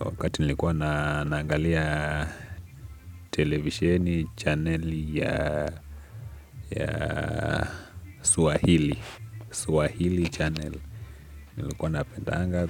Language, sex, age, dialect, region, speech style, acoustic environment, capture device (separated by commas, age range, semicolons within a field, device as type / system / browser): Swahili, male, 18-24, Kenya - Nairobi Sheng-influenced, Kenya, happy; relaxed, nature; music, desktop / Linux / Chrome